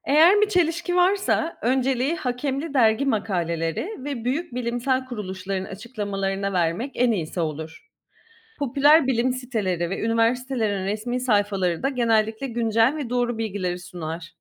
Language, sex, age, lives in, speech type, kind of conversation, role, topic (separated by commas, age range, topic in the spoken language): Turkish, female, 35-39, Greece, read, expert-assistant, expert, Kozmolojiyle ilgili okuduğum bilgilerin doğruluğunu nasıl kontrol edebilirim?